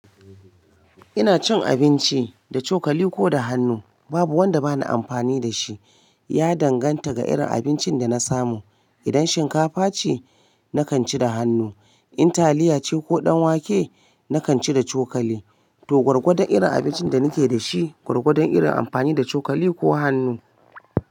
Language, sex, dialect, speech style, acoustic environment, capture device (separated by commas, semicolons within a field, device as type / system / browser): Hausa, female, Nigeria - Kano, happy, appliances, mobile / Linux / Chrome